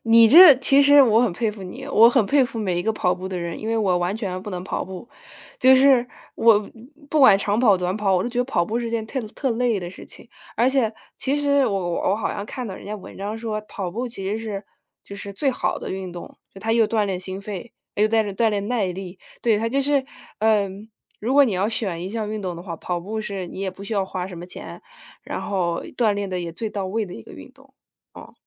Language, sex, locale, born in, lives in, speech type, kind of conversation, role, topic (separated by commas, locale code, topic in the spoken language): Chinese, female, zh-CN, United States, United States, conversation, unstructured, none, 你更喜欢团队运动还是个人运动？